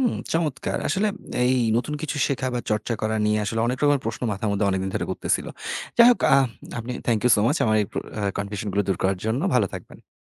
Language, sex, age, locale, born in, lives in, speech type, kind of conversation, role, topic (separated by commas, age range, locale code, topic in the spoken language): Bengali, male, 30-34, bn-BD, Bangladesh, Bangladesh, read, expert-assistant, asker, নতুন কিছু শেখা বা চর্চা করলে মানসিক স্বাস্থ্য কীভাবে ভালো রাখা যায়?